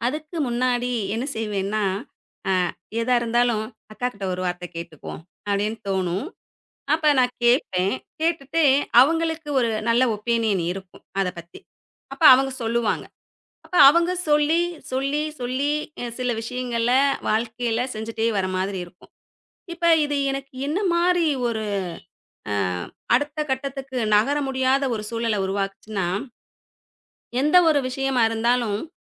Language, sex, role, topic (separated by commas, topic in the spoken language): Tamil, female, guest, ஒரு சிறிய முடிவு உங்கள் வாழ்க்கையை முழுவதுமாக மாற்றிய அனுபவம் உங்களுக்குண்டா?